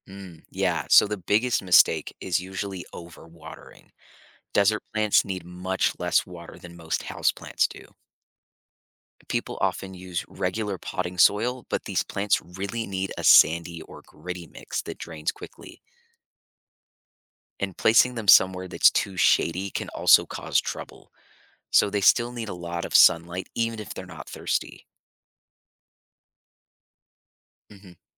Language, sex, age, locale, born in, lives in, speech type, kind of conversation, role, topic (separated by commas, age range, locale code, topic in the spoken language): English, male, 25-29, en-US, United States, United States, read, expert-assistant, expert, How do plants survive in arid climates?